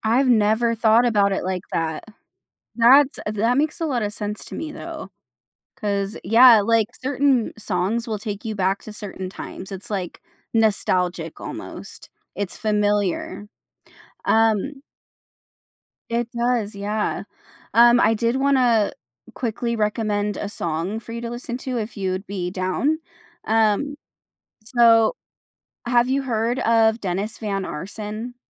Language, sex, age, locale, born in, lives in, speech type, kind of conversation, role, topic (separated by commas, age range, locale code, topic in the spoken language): English, female, 25-29, en-US, United States, United States, conversation, podcast, host, How can a hobby positively impact someone's life and well-being?